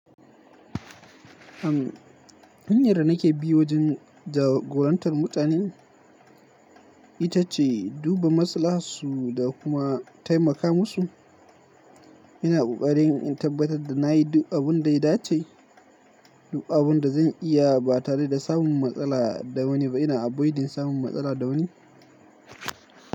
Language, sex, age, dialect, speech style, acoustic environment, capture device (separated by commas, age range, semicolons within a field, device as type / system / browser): Hausa, male, 18-24, Nigeria - Kano, focused, silence; nature, mobile / Linux / Chrome